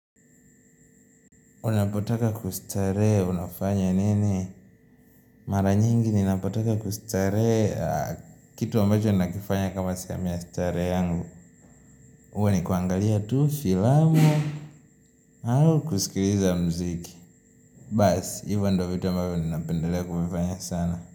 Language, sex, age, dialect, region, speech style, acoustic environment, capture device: Swahili, male, 18-24, Tanzania - Dar es Salaam, Tanzania, relaxed, nature, mobile / Linux / Chrome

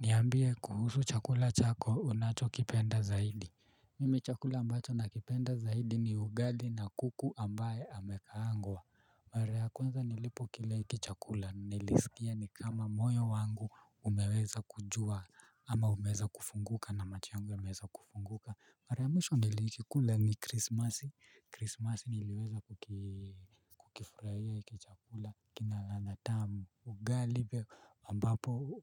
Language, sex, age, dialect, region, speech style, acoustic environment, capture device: Swahili, male, 25-34, Kenya - Nairobi Sheng-influenced, Kenya, relaxed, nature, mobile / Linux / Chrome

